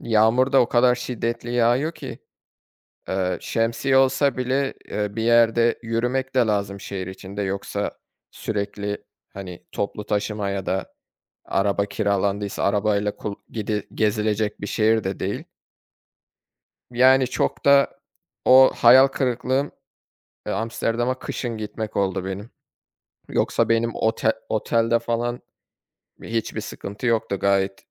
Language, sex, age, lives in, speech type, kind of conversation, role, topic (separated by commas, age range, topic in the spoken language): Turkish, male, 25-29, Germany, conversation, unstructured, none, Hangi seyahat deneyimi seni en çok hayal kırıklığına uğrattı?